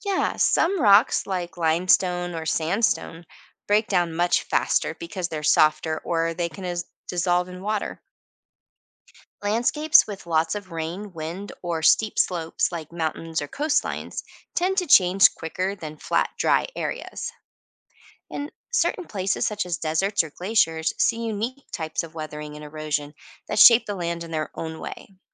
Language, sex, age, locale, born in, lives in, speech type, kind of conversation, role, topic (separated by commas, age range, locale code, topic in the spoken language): English, female, 40-44, en-US, United States, United States, read, expert-assistant, expert, How do weathering and erosion shape landscapes over time?